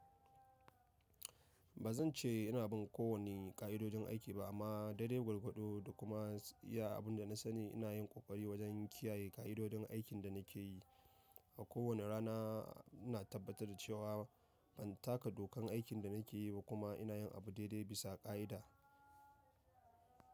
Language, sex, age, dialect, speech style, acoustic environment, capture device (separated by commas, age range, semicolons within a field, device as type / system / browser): Hausa, male, 25-34, Nigeria - Nigerian Standard, happy; excited; focused, people talking; nature; silence, mobile / macOS / Safari